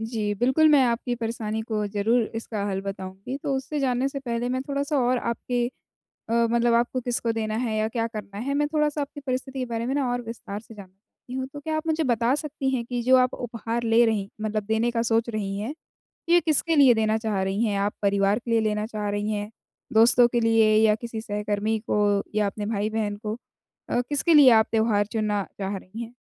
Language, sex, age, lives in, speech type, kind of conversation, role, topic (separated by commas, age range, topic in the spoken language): Hindi, female, 20-24, India, conversation, advice, advisor, उपहार चुनते समय मैं किसी की व्यक्तिगत पसंद कैसे समझूं?